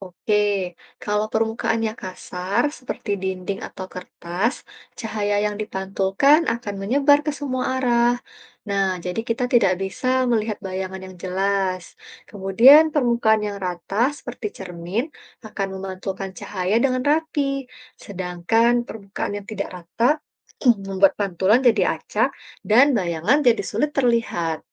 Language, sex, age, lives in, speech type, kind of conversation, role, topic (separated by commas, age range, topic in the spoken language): Indonesian, female, 30-34, Indonesia, read, expert-assistant, expert, Mengapa kita bisa melihat bayangan di cermin?